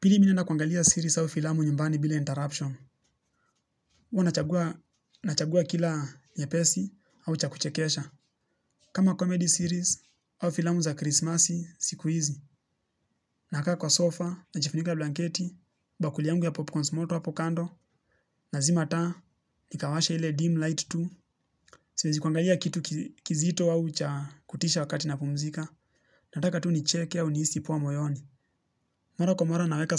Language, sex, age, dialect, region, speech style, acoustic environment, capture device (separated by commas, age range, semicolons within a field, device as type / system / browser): Swahili, male, 18-24, Kenya - Nairobi Sheng-influenced, Kenya, relaxed, nature, mobile / Linux / Chrome